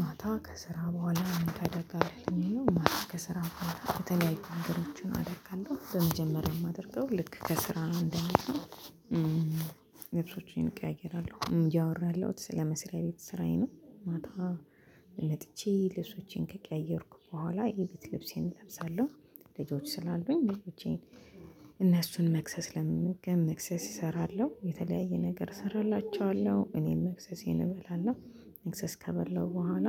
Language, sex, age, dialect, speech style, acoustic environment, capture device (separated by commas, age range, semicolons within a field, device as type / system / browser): Amharic, female, 25-34, Ethiopia - Addis Ababa, happy, silence, mobile / Linux / Chrome